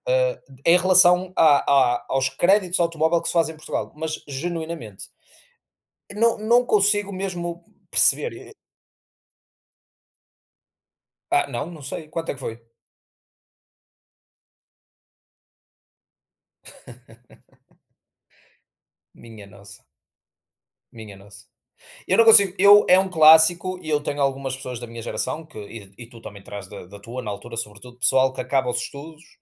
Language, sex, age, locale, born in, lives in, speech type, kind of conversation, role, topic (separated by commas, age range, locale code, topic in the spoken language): Portuguese, male, 30-34, pt-PT, Portugal, Portugal, conversation, unstructured, none, Como você evita comprar coisas desnecessárias?